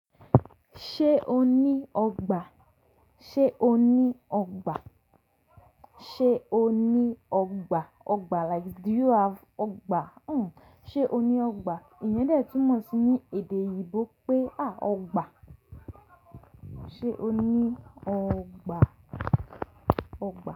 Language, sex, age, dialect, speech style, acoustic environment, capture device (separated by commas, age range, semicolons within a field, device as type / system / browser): Yoruba, female, 18-24, Nigeria - Lagos Yoruba, relaxed, silence, mobile / Linux / Chrome